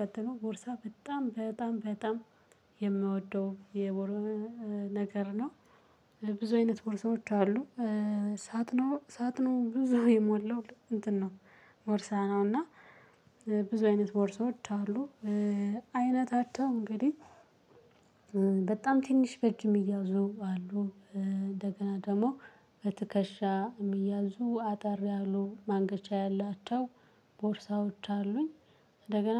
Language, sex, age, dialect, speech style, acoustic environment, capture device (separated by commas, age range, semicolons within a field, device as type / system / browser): Amharic, female, 18-24, Ethiopia - Gondar, relaxed, silence, mobile / Linux / Chrome